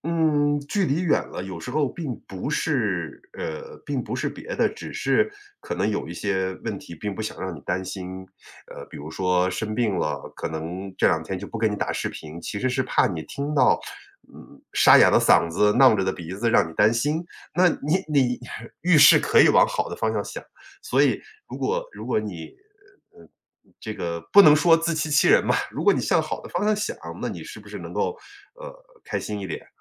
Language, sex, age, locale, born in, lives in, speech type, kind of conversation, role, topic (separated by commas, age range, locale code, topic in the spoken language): Chinese, male, 45-49, zh-CN, China, United States, conversation, advice, advisor, 你在异地恋中遇到的沟通和信任问题该如何解决？